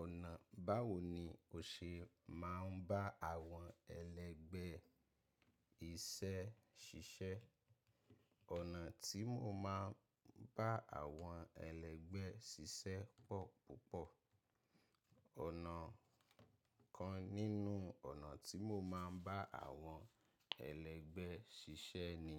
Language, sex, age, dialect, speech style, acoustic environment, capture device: Yoruba, male, 25-34, Nigeria - Ibadan, focused; happy, nature; silence, mobile / macOS / Safari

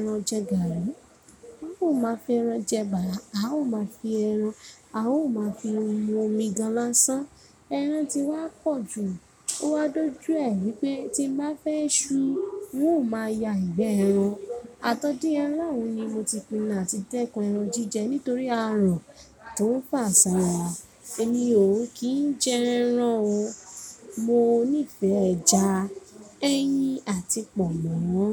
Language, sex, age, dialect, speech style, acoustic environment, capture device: Yoruba, female, 25-34, Nigeria - Ibadan, focused, people talking, mobile / Linux / Chrome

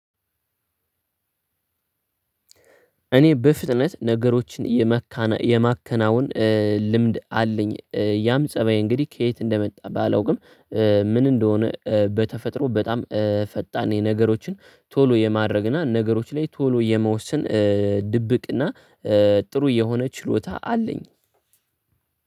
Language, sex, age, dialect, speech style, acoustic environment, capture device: Amharic, male, 18-24, Ethiopia - Addis Ababa, focused; relaxed; tired; happy, nature; silence, desktop / Linux / Chrome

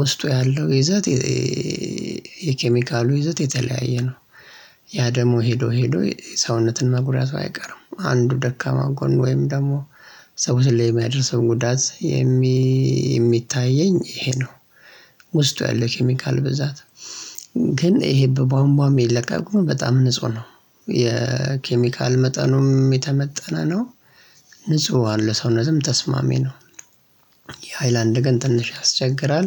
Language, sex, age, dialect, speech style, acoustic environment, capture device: Amharic, male, 25-34, Ethiopia - Addis Ababa, relaxed, silence, mobile / Linux / Chrome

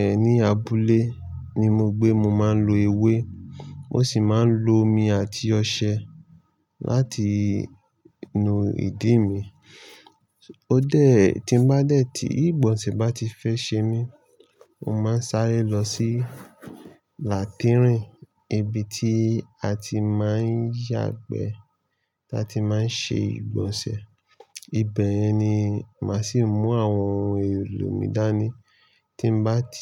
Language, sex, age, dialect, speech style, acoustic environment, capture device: Yoruba, male, 25-34, Nigeria - Lagos Yoruba, happy; excited; nervous, nature, mobile / Linux / Chrome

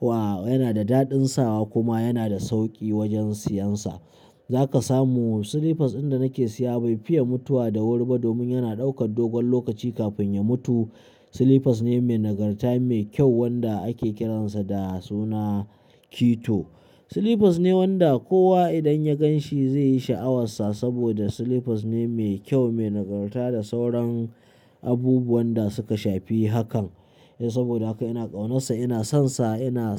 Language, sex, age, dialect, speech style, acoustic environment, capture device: Hausa, male, 25-34, Nigeria - Kano, happy; anxious, nature; silence, mobile / Linux / Chrome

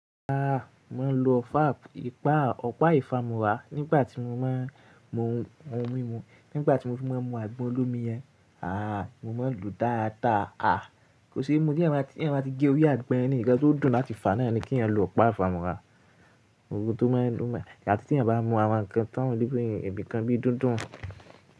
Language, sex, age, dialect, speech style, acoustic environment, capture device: Yoruba, male, 18-24, Nigeria - Ibadan, happy; relaxed, nature, mobile / Linux / Chrome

